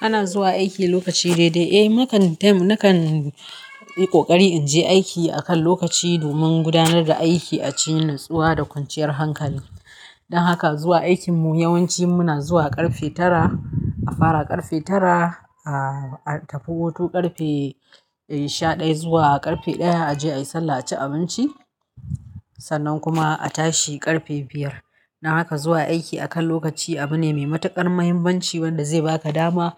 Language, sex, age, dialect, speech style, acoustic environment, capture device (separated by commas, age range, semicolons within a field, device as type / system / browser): Hausa, female, 35-44, Nigeria - Kano, happy, silence, mobile / Linux / Chrome